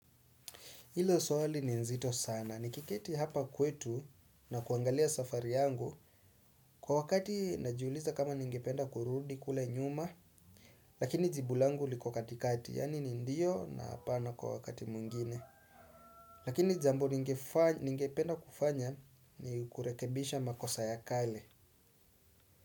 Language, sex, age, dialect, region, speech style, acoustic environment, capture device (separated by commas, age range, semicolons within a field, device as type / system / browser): Swahili, male, 25-34, Kenya - Nairobi Sheng-influenced, Kenya, relaxed; focused, silence; nature, desktop / Windows / Chrome